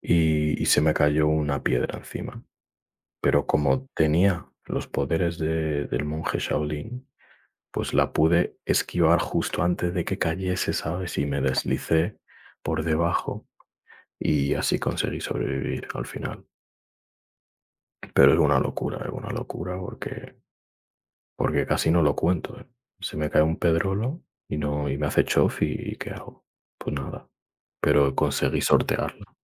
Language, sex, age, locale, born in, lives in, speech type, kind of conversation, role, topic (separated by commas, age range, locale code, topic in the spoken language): Spanish, male, 20-24, es-ES, Spain, Spain, conversation, unstructured, none, Entre la playa y la montaña, ¿cuál es tu destino ideal para vacacionar?